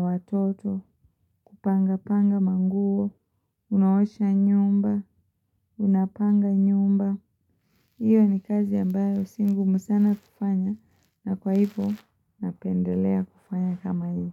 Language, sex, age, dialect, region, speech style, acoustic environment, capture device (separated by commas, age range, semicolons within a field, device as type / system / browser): Swahili, female, 25-34, Kenya - Mombasa, Kenya, happy, silence, mobile / Linux / Chrome